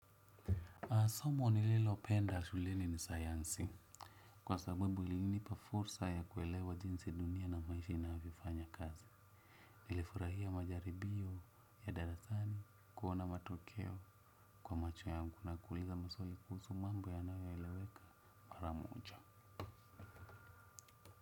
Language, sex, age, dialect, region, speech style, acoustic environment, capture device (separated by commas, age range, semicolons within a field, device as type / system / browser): Swahili, male, 25-34, Kenya - Nairobi Sheng-influenced, Kenya, happy; relaxed, nature; silence, desktop / Windows / Chrome